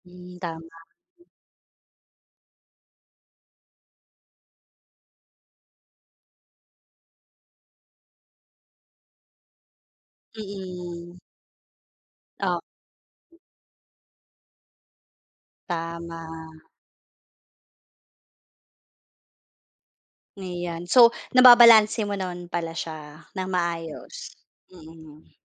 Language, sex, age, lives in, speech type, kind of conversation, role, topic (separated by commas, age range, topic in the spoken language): Filipino, female, 35-39, Philippines, conversation, podcast, host, Ano ang ginagawa mo kapag gusto mong magpahinga at magrelaks sa bahay?